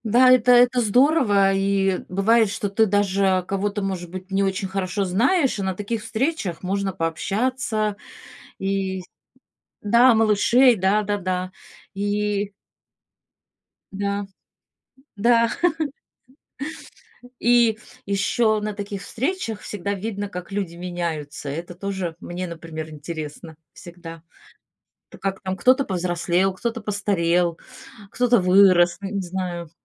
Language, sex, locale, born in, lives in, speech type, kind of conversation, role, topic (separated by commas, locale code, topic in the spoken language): Russian, female, ru-RU, Russia, Italy, conversation, unstructured, none, Какие семейные традиции тебе нравятся больше всего?